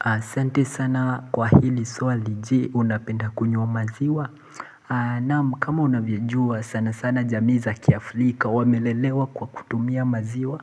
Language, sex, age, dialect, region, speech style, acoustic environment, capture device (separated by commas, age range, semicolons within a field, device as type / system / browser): Swahili, male, 18-24, Kenya - Mombasa, Kenya, relaxed, people talking; appliances, mobile / Linux / Chrome